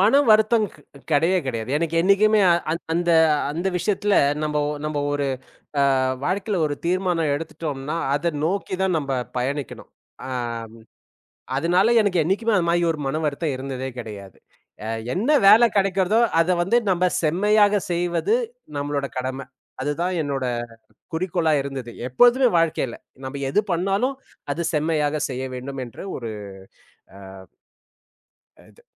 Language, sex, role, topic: Tamil, male, guest, முதல் வேலைக்கு சேர்ந்த நாளில் உங்களுக்கு எவ்வகையான நினைவுகள் இருக்கின்றன?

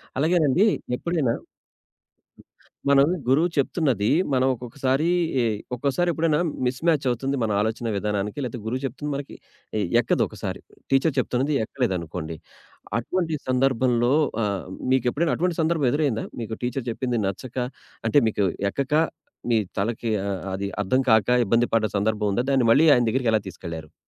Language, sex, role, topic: Telugu, male, host, గురువు-శిష్యుల మధ్య నమ్మకం ఎలా పెరుగుతుందని మీరు అనుకుంటారు?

